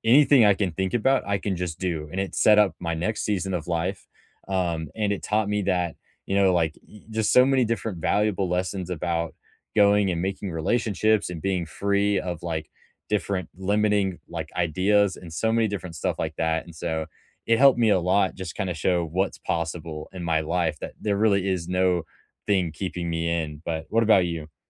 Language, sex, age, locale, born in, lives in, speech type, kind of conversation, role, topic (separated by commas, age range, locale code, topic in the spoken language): English, male, 18-19, en-US, United States, United States, conversation, unstructured, none, What personal risk did you take that reshaped your perspective, and what did it teach you?